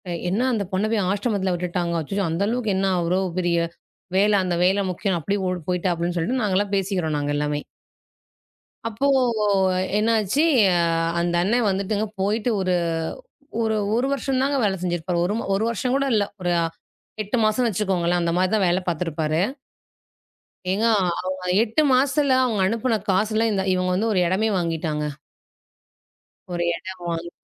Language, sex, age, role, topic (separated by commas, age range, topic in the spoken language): Tamil, female, 35-39, guest, பணம் முக்கியமா, மகிழ்ச்சி முக்கியமா என்பதை நீங்கள் எப்படித் தீர்மானிப்பீர்கள்?